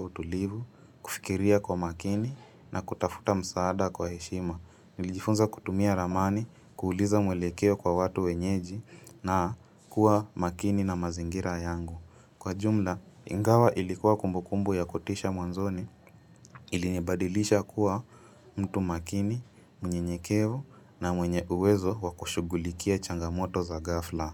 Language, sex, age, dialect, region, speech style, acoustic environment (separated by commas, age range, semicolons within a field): Swahili, female, 25-34, Kenya - Mombasa, Kenya, relaxed, silence